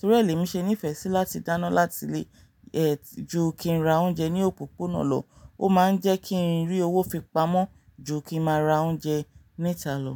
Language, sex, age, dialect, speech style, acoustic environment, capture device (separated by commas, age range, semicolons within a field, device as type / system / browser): Yoruba, female, 35-44, Nigeria - Ibadan, relaxed, nature; silence; appliances, mobile / Linux / Chrome